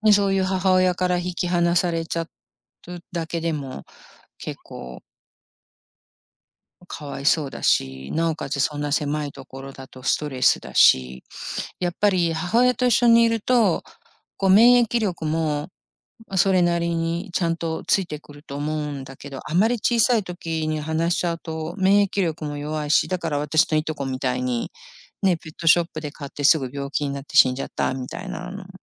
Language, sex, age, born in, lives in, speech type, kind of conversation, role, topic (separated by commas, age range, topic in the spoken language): Japanese, female, 55-59, Japan, United States, conversation, unstructured, none, ペットショップで動物を販売することは良いと思いますか？